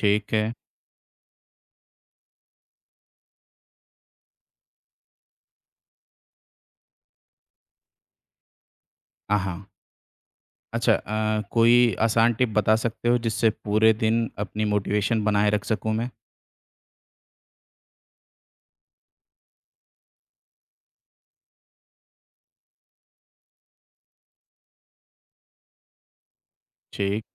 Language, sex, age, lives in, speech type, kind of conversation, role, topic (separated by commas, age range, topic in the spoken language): Hindi, male, 30-34, India, read, expert-assistant, asker, दैनिक दिनचर्या को व्यवस्थित कैसे करें?